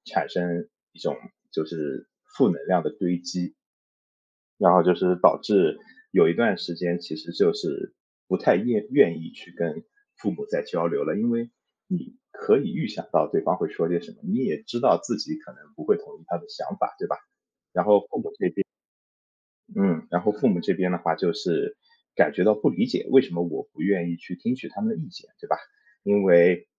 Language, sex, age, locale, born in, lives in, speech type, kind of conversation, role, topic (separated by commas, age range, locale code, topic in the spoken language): Chinese, male, 30-34, zh-CN, China, United States, conversation, podcast, guest, 你会如何重建家人的信任？